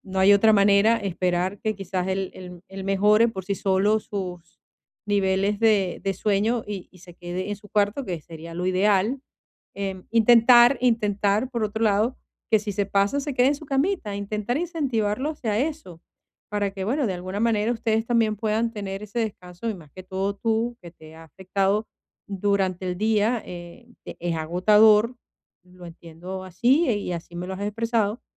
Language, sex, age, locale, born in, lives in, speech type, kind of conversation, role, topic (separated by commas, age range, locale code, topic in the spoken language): Spanish, female, 50-54, es-VE, Venezuela, Portugal, conversation, advice, advisor, ¿Cómo puedo usar bloques de tiempo diarios para descansar y recuperarme mejor?